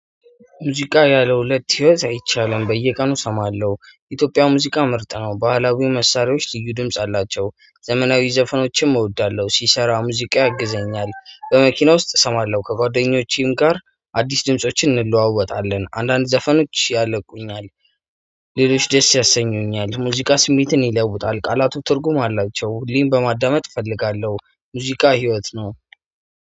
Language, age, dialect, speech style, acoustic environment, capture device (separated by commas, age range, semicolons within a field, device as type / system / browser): Amharic, 25-34, Ethiopia - Addis Ababa, happy, music, mobile / Android / Chrome